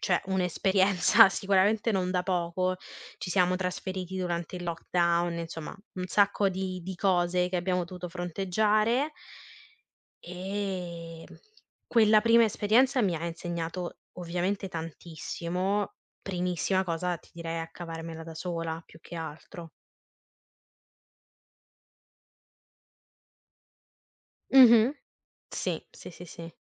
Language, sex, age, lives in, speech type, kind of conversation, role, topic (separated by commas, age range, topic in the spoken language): Italian, female, 25-29, Italy, conversation, podcast, guest, Hai mai cambiato città o paese e in che modo ti ha cambiato dentro?